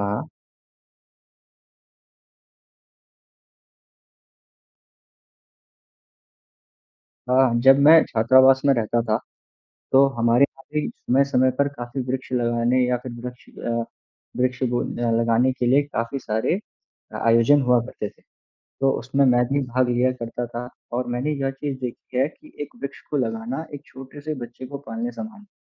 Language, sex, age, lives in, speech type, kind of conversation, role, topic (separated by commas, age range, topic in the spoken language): Hindi, male, 20-24, India, conversation, unstructured, none, आपका सबसे यादगार और प्रेरणादायक अनुभव क्या है?